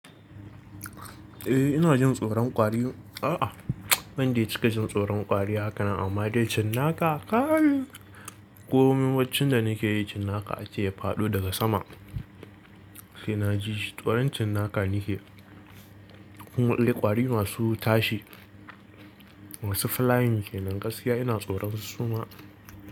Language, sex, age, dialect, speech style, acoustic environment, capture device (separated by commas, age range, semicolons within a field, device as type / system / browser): Hausa, male, 18-24, Nigeria - Kano, relaxed; focused, silence; nature, mobile / Linux / Chrome